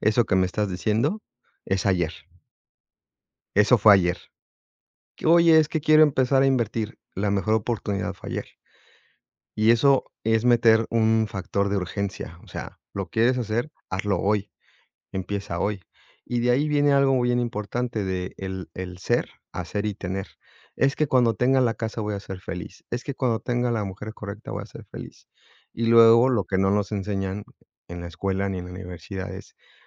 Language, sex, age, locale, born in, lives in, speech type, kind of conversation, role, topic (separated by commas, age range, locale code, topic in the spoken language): Spanish, male, 45-49, es-MX, Mexico, Mexico, conversation, unstructured, none, ¿Qué hábito te ha ayudado más a mejorar como persona?